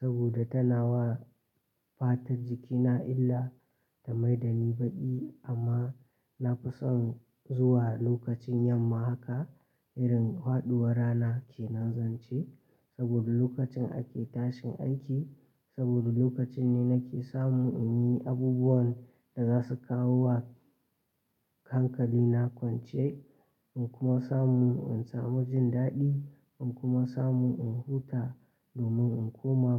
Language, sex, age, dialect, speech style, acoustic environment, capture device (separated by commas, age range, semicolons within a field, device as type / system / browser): Hausa, male, 18-24, Nigeria - Katsina, relaxed, silence, mobile / Linux / Chrome